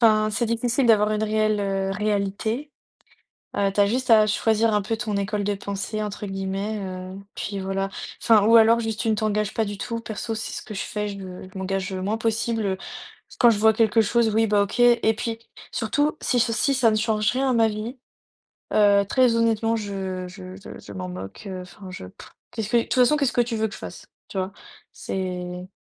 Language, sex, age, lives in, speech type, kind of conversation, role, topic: French, female, 20-24, France, conversation, unstructured, none, Que penses-tu des fausses nouvelles qui circulent en ligne ?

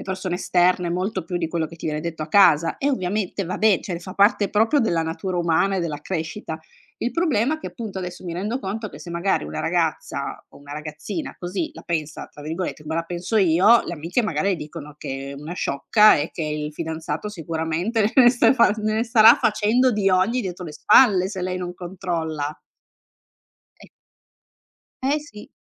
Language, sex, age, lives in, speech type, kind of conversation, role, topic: Italian, female, 45-49, Italy, conversation, podcast, guest, Come parlare con un partner che controlla sempre il tuo telefono?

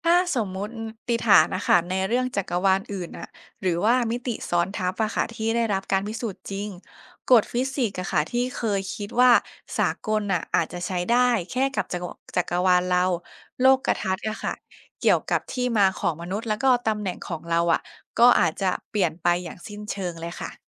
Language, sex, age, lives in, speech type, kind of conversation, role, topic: Thai, female, 25-29, Thailand, read, expert-assistant, expert, อะไรเป็นสาเหตุให้เกิดบิ๊กแบงและเอกภพเริ่มต้นขึ้น? (What caused the Big Bang and the beginning of the universe?)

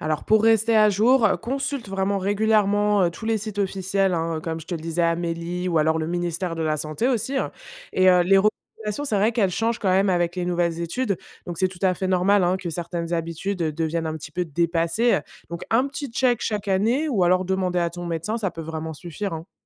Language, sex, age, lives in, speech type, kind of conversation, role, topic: French, female, 25-29, France, read, expert-assistant, expert, Peut-on vraiment se fier aux conseils de soin trouvés sur Internet ou dans les médias ?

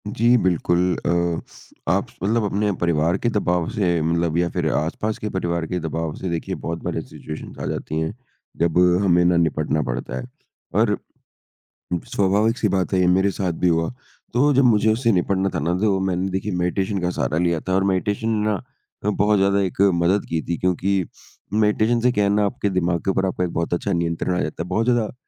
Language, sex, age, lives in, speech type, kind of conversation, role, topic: Hindi, male, 55-59, India, conversation, podcast, guest, आप अपने भीतर की आत्म-आलोचनात्मक आवाज़ से कैसे निपटते हैं?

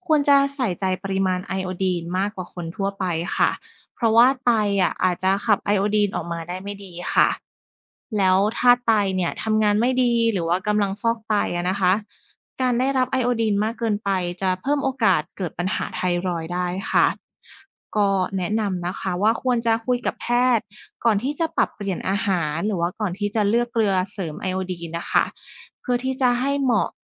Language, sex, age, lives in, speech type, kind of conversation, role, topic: Thai, female, 30-34, Thailand, read, expert-assistant, expert, การรับประทานอาหารที่มีไอโอดีนช่วยป้องกันโรคคอพอกอย่างไร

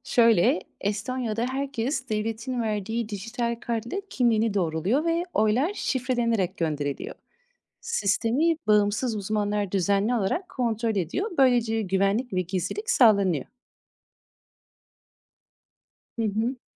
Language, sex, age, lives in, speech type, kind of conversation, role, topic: Turkish, female, 30-34, Netherlands, read, expert-assistant, expert, Dünyanın farklı yerlerinde seçim süreçleri nasıl işliyor? Daha bilinçli bir seçmen olmak için hangi dersleri çıkarabilirim?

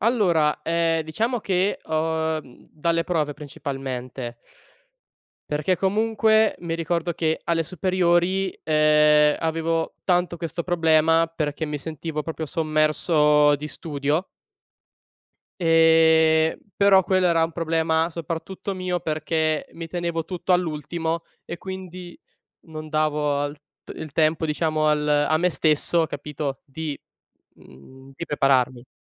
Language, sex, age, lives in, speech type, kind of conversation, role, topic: Italian, male, 20-24, Italy, conversation, podcast, guest, Come fai a gestire lo stress nella vita di tutti i giorni?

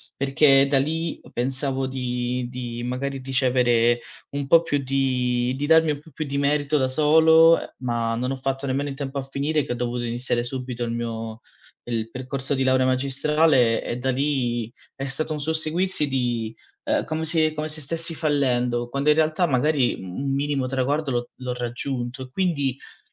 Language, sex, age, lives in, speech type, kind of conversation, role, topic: Italian, male, 25-29, Italy, conversation, advice, user, Perché mi sento un impostore nonostante i risultati?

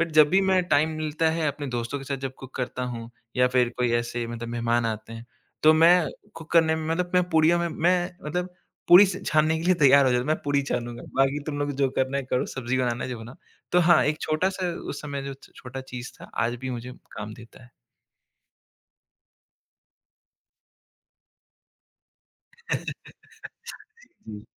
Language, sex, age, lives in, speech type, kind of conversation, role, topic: Hindi, male, 25-29, India, conversation, podcast, guest, Tumhare ghar mein khaane ke zariye kaunse sanskaar milte the, aur kaise?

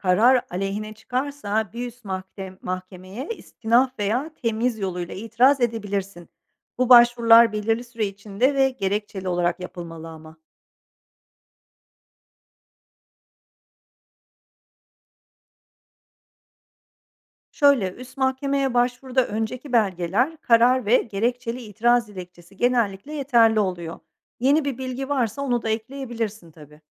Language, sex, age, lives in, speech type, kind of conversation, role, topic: Turkish, female, 45-49, Netherlands, read, expert-assistant, expert, Kamu hizmetleriyle ilgili bir iddiayı duyunca, bunu nasıl kontrol edebilirim?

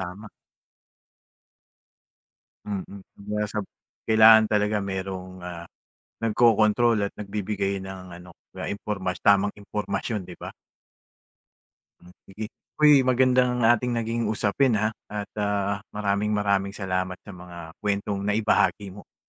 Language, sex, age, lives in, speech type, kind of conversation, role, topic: Filipino, male, 45-49, Philippines, conversation, podcast, host, Ano ang mga nakikita mong problema sa representasyon sa midya?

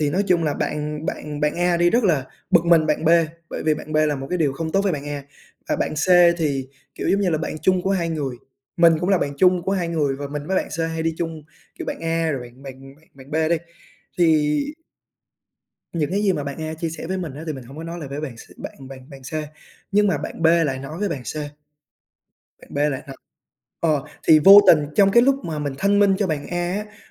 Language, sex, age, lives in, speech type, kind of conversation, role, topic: Vietnamese, male, 20-24, Vietnam, conversation, podcast, guest, Bạn thường xử lý như thế nào khi bị hiểu lầm?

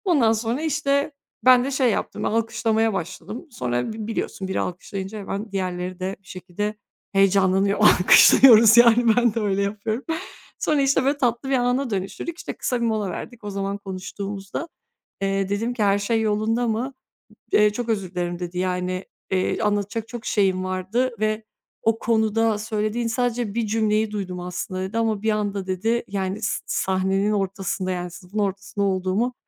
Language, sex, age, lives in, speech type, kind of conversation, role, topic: Turkish, female, 30-34, Bulgaria, conversation, podcast, guest, Sürekli özür dileme alışkanlığını nasıl azaltırsın?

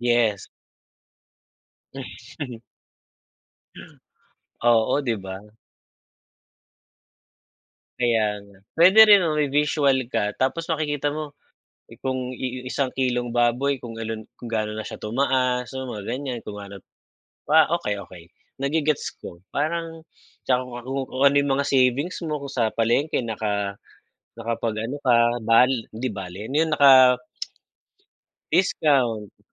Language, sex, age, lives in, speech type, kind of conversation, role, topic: Filipino, male, 40-44, Philippines, conversation, unstructured, none, Paano mo pinagpaplanuhan ang iyong mga gastusin bawat buwan?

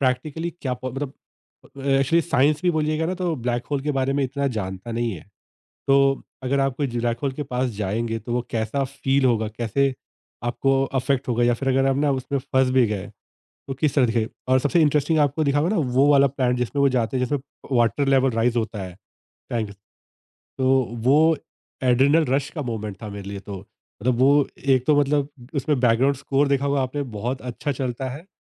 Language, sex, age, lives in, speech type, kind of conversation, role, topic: Hindi, male, 30-34, India, conversation, podcast, guest, Kaunsi film ya web series ki kahani ne tumhe sabse zyada badal diya?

